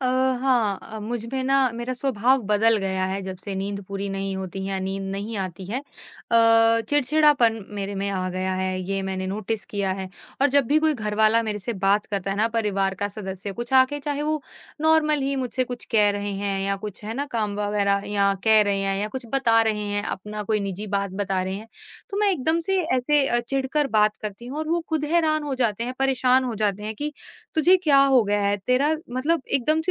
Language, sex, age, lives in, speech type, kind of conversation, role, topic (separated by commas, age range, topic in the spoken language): Hindi, female, 25-29, India, conversation, advice, user, रात में सोने में कठिनाई और सुबह बहुत थका हुआ उठना